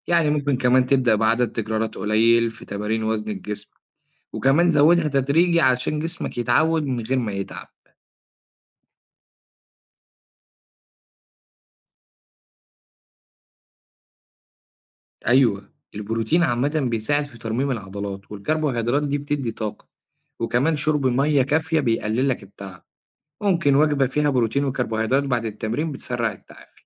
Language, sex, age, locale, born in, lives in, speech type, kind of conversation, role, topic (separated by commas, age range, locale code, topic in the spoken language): Arabic, male, 25-29, ar-EG, Egypt, Egypt, read, expert-assistant, expert, هل فعلاً تمارين المقاومة تستاهل الوقت والمجهود اللي بنبذله فيها مقارنة برياضة تانية زي المشي أو الجري من ناحية صحة الجسم والمخ؟